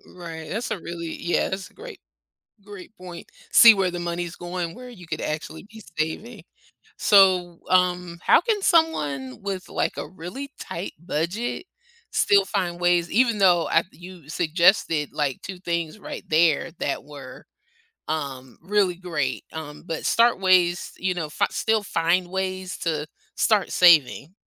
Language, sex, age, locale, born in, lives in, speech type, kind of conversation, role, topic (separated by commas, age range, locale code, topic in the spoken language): English, female, 50-54, en-US, United States, United States, conversation, podcast, host, What are some practical steps to build a consistent saving habit?